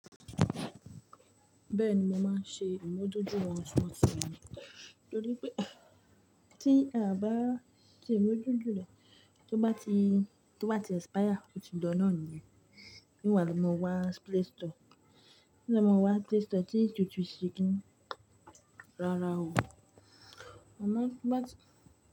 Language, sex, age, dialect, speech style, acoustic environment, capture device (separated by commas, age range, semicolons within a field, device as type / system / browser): Yoruba, female, 25-34, Nigeria - Ibadan, happy, people talking, mobile / Linux / Chrome